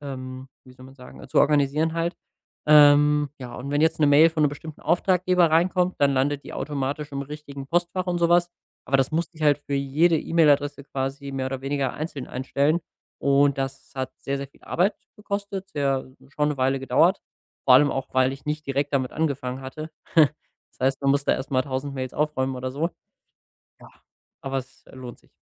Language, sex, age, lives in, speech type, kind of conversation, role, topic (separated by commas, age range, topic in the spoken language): German, male, 25-29, Germany, conversation, podcast, guest, Wie passt du deine Routine an neue Werkzeuge an?